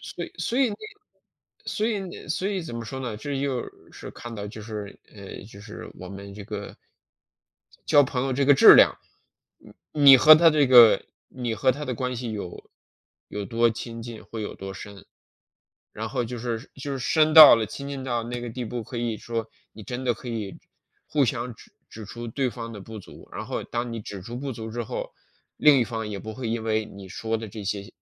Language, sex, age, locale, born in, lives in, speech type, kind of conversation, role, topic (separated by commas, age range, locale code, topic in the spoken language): Chinese, male, 40-44, zh-CN, China, United States, conversation, unstructured, none, 你觉得怎样才算是好的朋友？